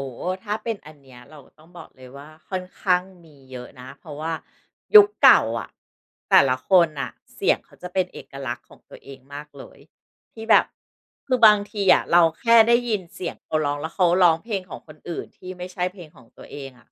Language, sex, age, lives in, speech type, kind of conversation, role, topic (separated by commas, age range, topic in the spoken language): Thai, female, 40-44, Thailand, conversation, podcast, guest, คนไทยฟังเพลงยุคเก่าเพิ่มขึ้นจริงไหม และทำไมถึงเป็นแบบนั้น?